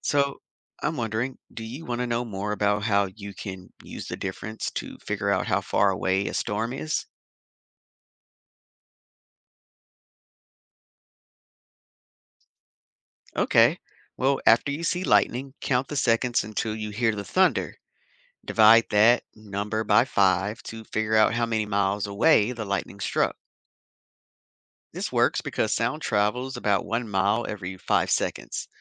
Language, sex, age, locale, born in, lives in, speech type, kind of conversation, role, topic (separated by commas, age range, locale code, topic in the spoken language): English, male, 35-39, en-US, United States, United States, read, expert-assistant, expert, How can you stay safe during a thunderstorm and understand the dangers of lightning and thunder?